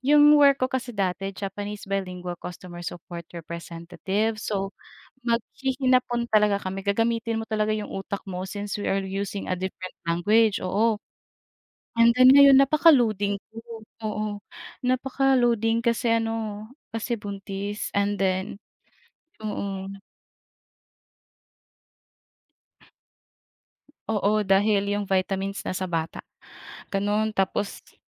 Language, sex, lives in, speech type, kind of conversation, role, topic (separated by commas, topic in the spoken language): Filipino, female, Philippines, conversation, unstructured, none, Paano mo hinaharap ang pagdududa sa sarili?